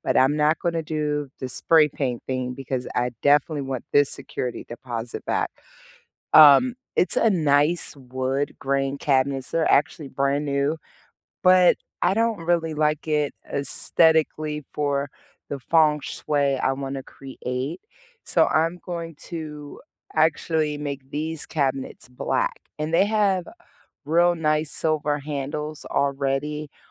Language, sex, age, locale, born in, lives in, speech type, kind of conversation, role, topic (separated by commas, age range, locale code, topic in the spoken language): English, female, 40-44, en-US, United States, United States, conversation, unstructured, none, What budget-friendly ways have you found to make your kitchen refresh truly pop?